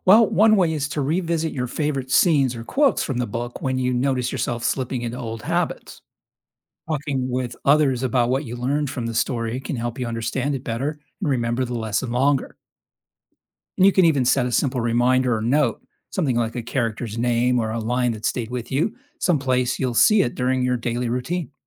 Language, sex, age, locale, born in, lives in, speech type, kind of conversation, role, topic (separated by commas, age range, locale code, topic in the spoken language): English, male, 55-59, en-US, United States, United States, read, expert-assistant, expert, Can reading fiction increase empathy and understanding?